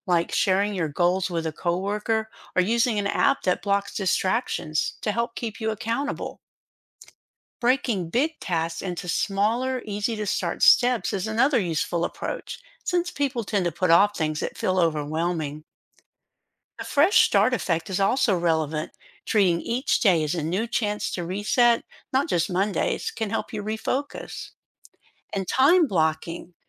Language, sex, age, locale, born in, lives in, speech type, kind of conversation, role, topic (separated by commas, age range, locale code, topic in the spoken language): English, female, 60-64, en-US, United States, United States, read, expert-assistant, expert, How can behavioral economics reduce workweek procrastination?